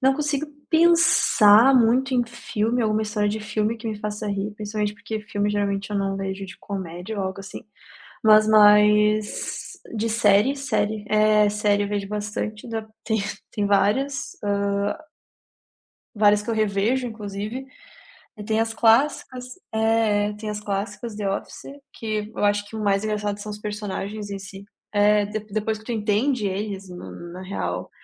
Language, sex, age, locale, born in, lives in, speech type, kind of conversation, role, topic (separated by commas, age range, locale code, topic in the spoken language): Portuguese, female, 25-29, pt-BR, Brazil, Italy, conversation, unstructured, none, Que história de filme faz você rir sempre que se lembra dela?